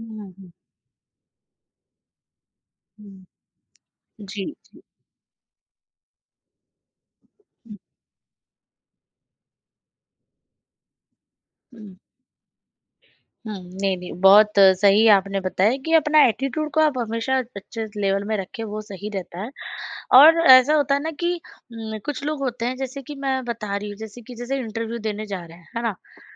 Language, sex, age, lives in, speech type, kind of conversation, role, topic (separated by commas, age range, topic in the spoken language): Hindi, female, 35-39, India, conversation, podcast, host, Ghar se nikalte waqt turant confidence boost paane ke sabse simple aur asaan tareeke kya hain?